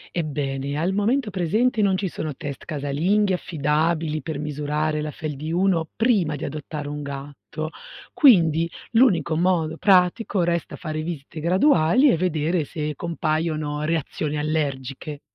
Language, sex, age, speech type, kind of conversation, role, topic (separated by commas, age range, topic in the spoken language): Italian, female, 40-44, read, expert-assistant, expert, Ci sono opinioni contrastanti sui gatti di razza Maine Coon: sono davvero ipoallergenici o è solo un mito? Puoi chiarire questa ambiguità?